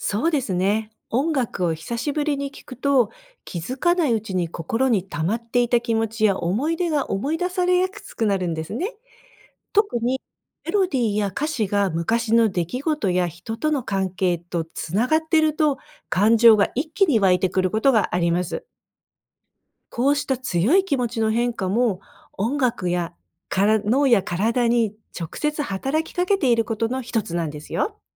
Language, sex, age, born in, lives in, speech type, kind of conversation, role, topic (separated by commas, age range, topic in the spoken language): Japanese, female, 55-59, Japan, United States, read, expert-assistant, expert, なぜ音楽を聴くと気分が変わることがあるのか